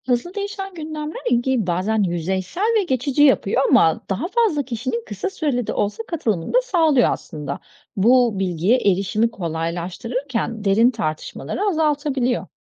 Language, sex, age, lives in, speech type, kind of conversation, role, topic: Turkish, female, 40-44, Greece, read, expert-assistant, expert, Bir haberin televizyon yerine internetten yayınlanmasını, günlük yaşamdaki bir duruma benzetebilir misiniz? Bu değişimin etkisini somut bir örnekle anlatır mısınız?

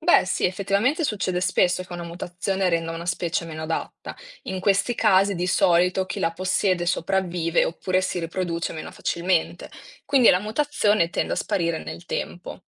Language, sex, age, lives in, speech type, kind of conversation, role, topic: Italian, female, 20-24, Italy, read, expert-assistant, expert, Cosa significa davvero 'evoluzione' quando si parla di animali e piante?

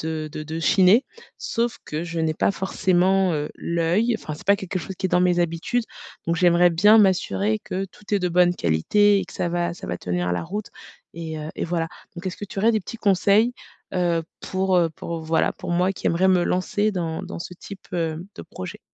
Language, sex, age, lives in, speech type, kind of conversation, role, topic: French, female, 35-39, France, conversation, advice, user, Comment reconnaître si un vêtement ou un produit est de bonne qualité et durable ?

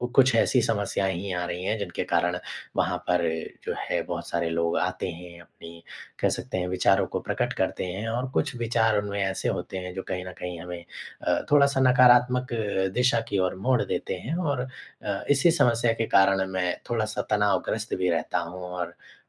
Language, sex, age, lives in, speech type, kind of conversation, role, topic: Hindi, male, 25-29, India, conversation, advice, user, सोशल मीडिया पर सार्वजनिक आलोचना और टिप्पणियों से आपको किस तरह परेशानी हो रही है?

